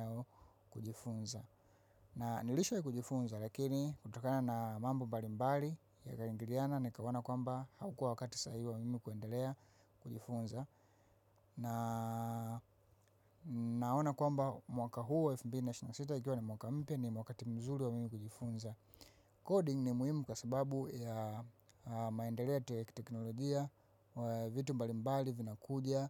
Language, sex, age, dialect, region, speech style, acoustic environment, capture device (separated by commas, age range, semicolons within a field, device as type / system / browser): Swahili, female, 25-34, Tanzania - Dar es Salaam, Tanzania, happy; focused; excited; relaxed, people talking; silence, mobile / macOS / Safari